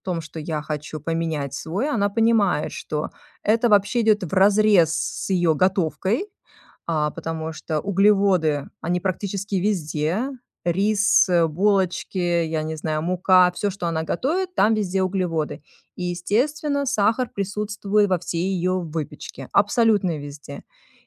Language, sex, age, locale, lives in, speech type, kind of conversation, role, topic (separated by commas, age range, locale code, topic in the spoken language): Russian, female, 40-44, ru-RU, United States, conversation, advice, user, Как домашняя обстановка мешает вам сформировать нужную привычку?